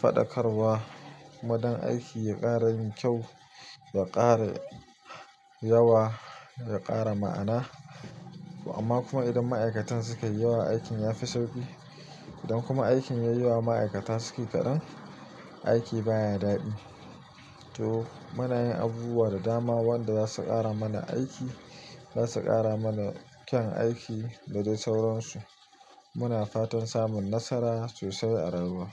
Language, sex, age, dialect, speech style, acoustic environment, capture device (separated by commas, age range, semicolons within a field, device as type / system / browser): Hausa, male, 25-34, Nigeria - Nigerian Standard, happy, silence; nature, mobile / Linux / Chrome